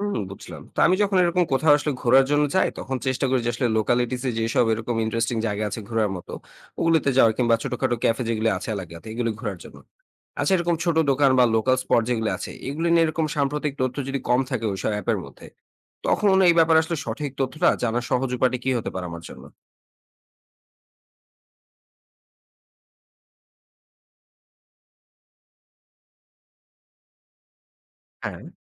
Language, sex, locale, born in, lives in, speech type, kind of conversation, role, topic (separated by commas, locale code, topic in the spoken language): Bengali, male, bn-BD, Bangladesh, Bangladesh, read, expert-assistant, asker, নতুন দেশে ঘুরতে যাওয়ার আগে তথ্য বা গাইডলাইন পাওয়ার জন্য সবচেয়ে নির্ভরযোগ্য সোর্স কোনটা বলে মনে হয়?